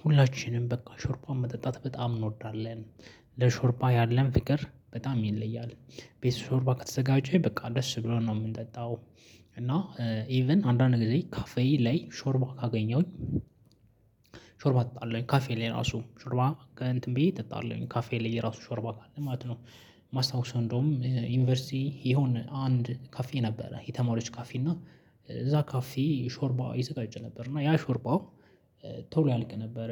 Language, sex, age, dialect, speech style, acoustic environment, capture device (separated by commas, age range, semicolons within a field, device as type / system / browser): Amharic, male, 25-34, Ethiopia - Addis Ababa, focused, silence, mobile / Linux / Chrome